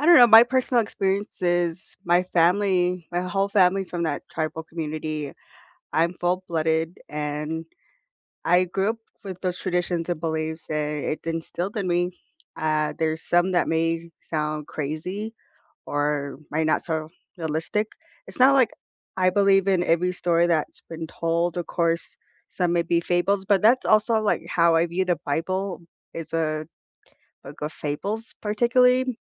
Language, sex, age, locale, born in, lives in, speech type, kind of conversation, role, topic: English, female, 25-29, en-US, United States, United States, conversation, unstructured, none, What makes people so protective of their religious beliefs?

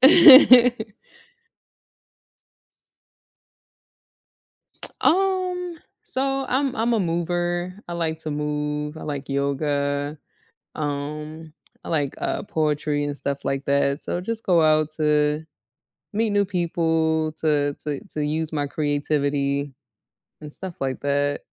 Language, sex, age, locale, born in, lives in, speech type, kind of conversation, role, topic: English, female, 30-34, en-US, United States, United States, conversation, unstructured, none, How do you like to unwind after a long day?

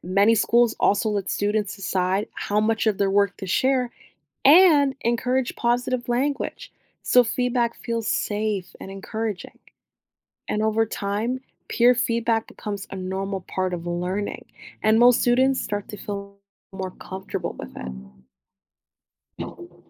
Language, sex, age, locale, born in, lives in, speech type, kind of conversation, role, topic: English, female, 30-34, en-US, United States, United States, read, expert-assistant, expert, How would AI tutors impact teachers, parents, and students?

